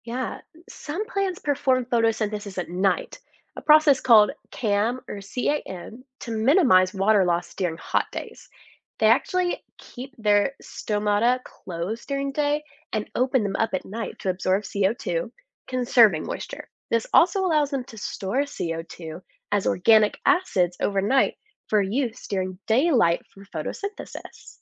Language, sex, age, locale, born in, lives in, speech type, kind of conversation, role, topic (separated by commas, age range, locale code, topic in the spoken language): English, female, 20-24, en-US, United States, United States, read, expert-assistant, expert, How do plants grow in the desert?